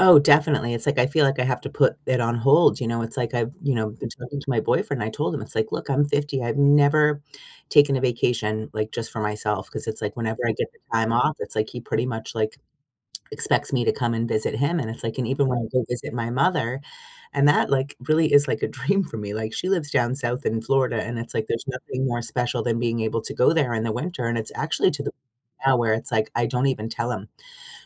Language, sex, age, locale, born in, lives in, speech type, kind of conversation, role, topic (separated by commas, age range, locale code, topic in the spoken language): English, female, 45-49, en-US, United States, United States, conversation, unstructured, none, Have you ever had to put your dreams on hold, and how did that feel?